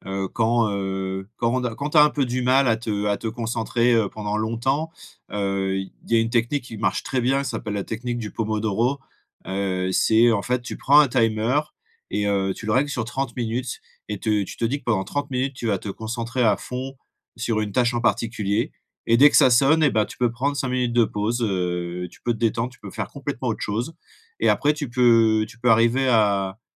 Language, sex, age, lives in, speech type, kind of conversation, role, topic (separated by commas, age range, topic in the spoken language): French, male, 35-39, France, conversation, advice, advisor, Comment créer une routine quotidienne qui renforce ma discipline ?